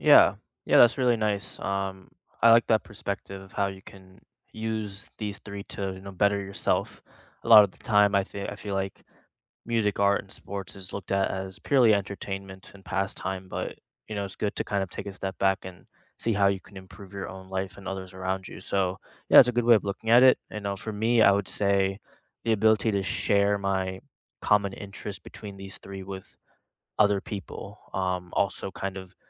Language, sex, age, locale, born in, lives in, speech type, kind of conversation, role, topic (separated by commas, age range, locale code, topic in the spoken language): English, male, 25-29, en-US, India, United States, conversation, unstructured, none, How have music, art, or sports influenced your learning?